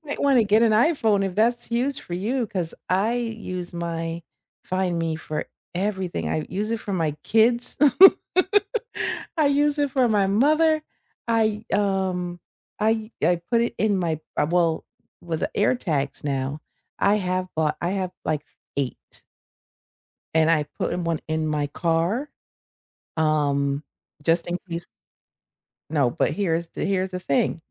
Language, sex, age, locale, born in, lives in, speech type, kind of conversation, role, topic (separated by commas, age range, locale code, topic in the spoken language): English, female, 50-54, en-US, United States, United States, conversation, unstructured, none, What is one tech feature you wish every device had?